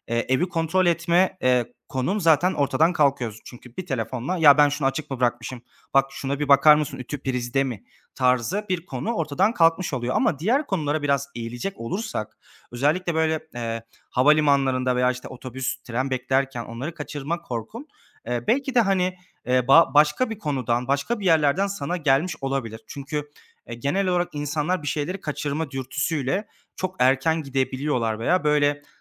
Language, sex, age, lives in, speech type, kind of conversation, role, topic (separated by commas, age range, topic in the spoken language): Turkish, male, 25-29, Germany, conversation, advice, advisor, Seyahat ve keşif sırasında stresle nasıl başa çıkabilirim?